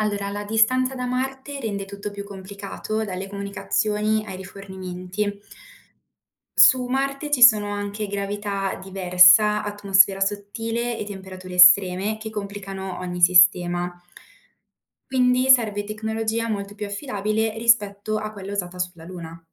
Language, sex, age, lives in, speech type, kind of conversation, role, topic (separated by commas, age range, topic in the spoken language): Italian, female, 20-24, Italy, read, expert-assistant, expert, Se volessimo preparare una spedizione su Marte, quali sarebbero i passi principali da pianificare?